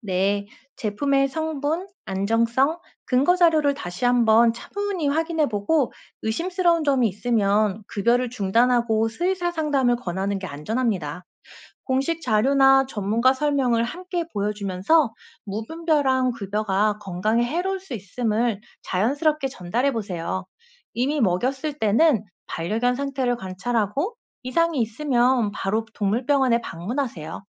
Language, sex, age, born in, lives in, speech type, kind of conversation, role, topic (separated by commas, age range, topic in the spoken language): Korean, female, 35-39, South Korea, South Korea, read, expert-assistant, expert, 인터넷에 떠도는 반려동물 건강 팁 중에서 신뢰할 만한 정보와 잘못된 정보를 구분하는 방법은 무엇일까요?